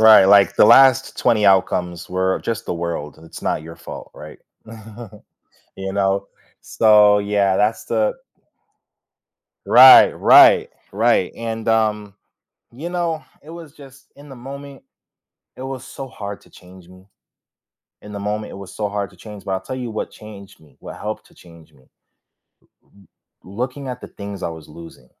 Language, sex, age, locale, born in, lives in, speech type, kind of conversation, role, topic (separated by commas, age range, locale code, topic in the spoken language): English, male, 25-29, en-US, United States, United States, conversation, podcast, guest, What are some effective ways people manage stress and maintain well-being?